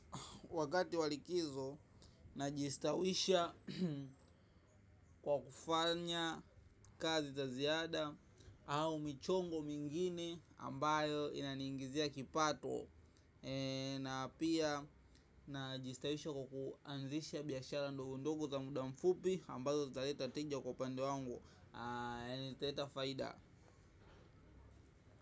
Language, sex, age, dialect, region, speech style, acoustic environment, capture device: Swahili, male, 18-24, Tanzania - Dar es Salaam, Tanzania, excited; focused; happy, traffic, mobile / macOS / Safari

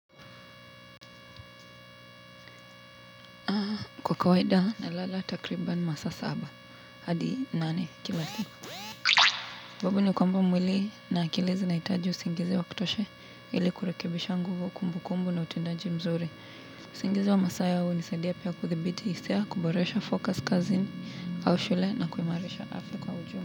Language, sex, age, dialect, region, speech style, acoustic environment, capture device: Swahili, female, 18-24, Kenya - Nairobi Sheng-influenced, Kenya, relaxed, construction; appliances; traffic; people talking, mobile / Linux / Chrome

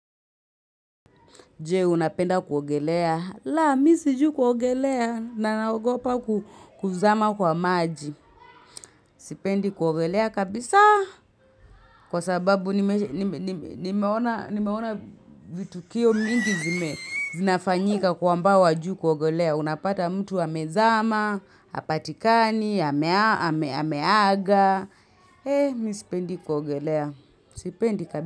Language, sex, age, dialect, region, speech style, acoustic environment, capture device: Swahili, female, 25-34, Kenya - Nairobi Sheng-influenced, Kenya, happy, people talking, mobile / Linux / Chrome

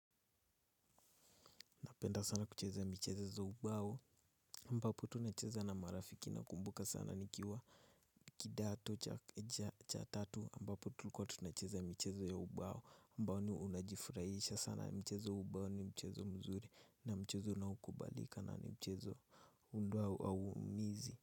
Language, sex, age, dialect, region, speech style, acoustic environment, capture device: Swahili, male, 18-24, Kenya - Nairobi Sheng-influenced, Kenya, nervous; excited; focused; relaxed, silence, mobile / Linux / Chrome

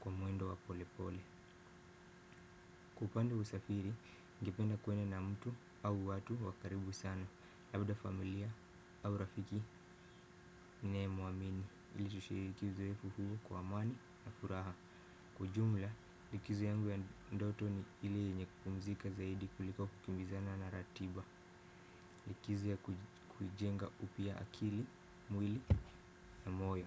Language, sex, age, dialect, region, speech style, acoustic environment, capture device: Swahili, male, 18-24, Kenya - Mombasa, Kenya, happy, silence, desktop / Windows / Firefox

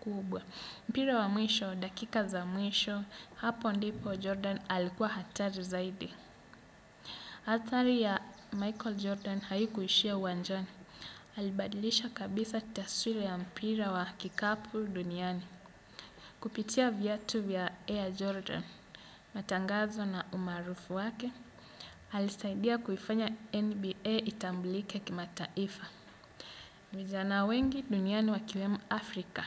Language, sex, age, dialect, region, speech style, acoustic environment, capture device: Swahili, male, 25-34, Kenya - Mombasa, Kenya, relaxed, nature, desktop / Windows / Chrome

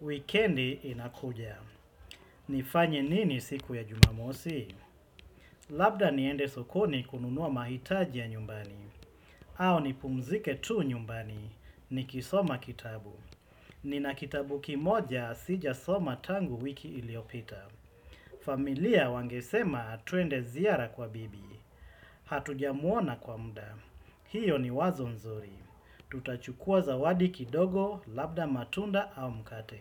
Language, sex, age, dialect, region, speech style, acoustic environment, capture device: Swahili, male, 35-44, Kenya - Mombasa, Kenya, focused, silence, desktop / Windows / Chrome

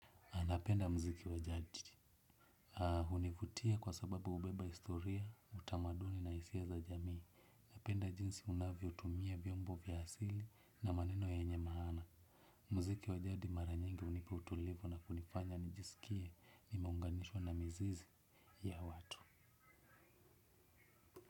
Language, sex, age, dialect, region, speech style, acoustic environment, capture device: Swahili, male, 25-34, Kenya - Nairobi Sheng-influenced, Kenya, relaxed; happy, silence, desktop / Windows / Chrome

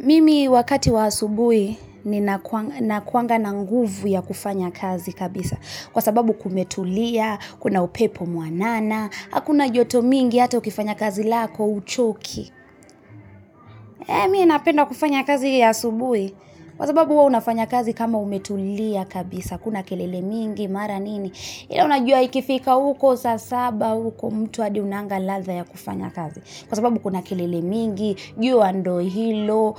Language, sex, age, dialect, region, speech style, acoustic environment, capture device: Swahili, female, 25-34, Kenya - Nairobi Sheng-influenced, Kenya, happy; relaxed; focused, people talking; music, mobile / Linux / Chrome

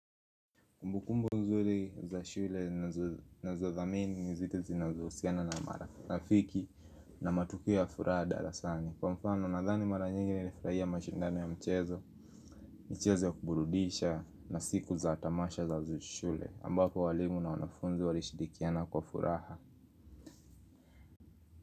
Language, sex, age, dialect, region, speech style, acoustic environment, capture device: Swahili, male, 25-34, Kenya - Nairobi Sheng-influenced, Kenya, happy; focused, music; nature, mobile / Linux / Chrome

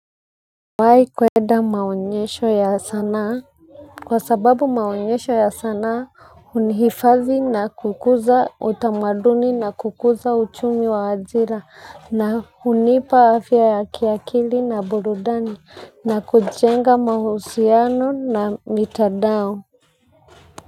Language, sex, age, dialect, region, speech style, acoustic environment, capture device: Swahili, female, 25-34, Kenya - Nairobi Sheng-influenced, Kenya, focused, silence; people talking, mobile / Linux / Chrome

